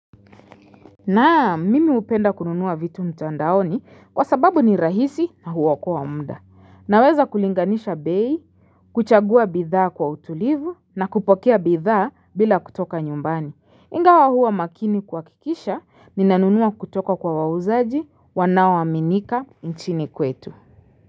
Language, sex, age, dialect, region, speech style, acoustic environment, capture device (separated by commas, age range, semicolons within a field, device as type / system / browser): Swahili, female, 25-34, Kenya - Nairobi Sheng-influenced, Kenya, focused, silence, mobile / Linux / Chrome